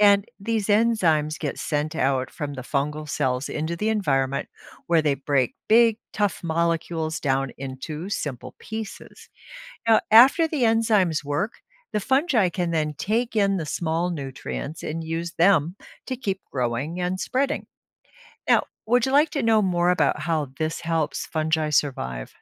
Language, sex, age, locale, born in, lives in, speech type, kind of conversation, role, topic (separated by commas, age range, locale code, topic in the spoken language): English, female, 65-69, en-US, United States, United States, read, expert-assistant, expert, How do fungi decompose dead plants and animals?